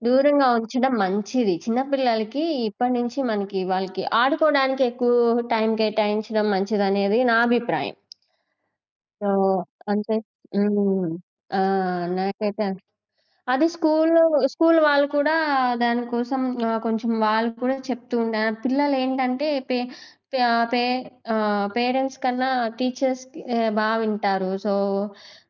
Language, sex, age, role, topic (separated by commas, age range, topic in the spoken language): Telugu, female, 20-24, guest, సామాజిక మాధ్యమాలు మన అభిప్రాయాలను ఎలా ప్రభావితం చేసి మార్చుతాయి?